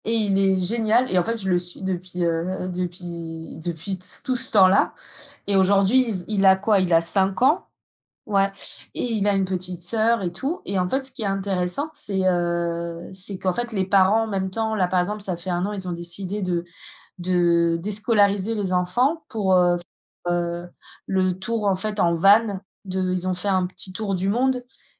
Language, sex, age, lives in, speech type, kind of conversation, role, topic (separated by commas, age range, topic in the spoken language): French, female, 35-39, France, conversation, unstructured, none, Qu’est-ce qui te dérange le plus dans les réseaux sociaux des célébrités ?